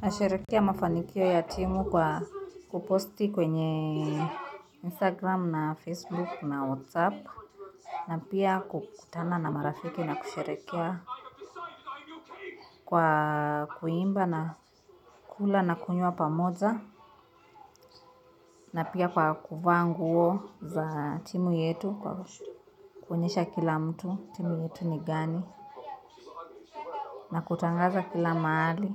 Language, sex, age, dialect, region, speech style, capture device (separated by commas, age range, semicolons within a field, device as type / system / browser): Swahili, female, 25-34, Kenya - Nairobi Sheng-influenced, Kenya, nervous; happy, mobile / Linux / Chrome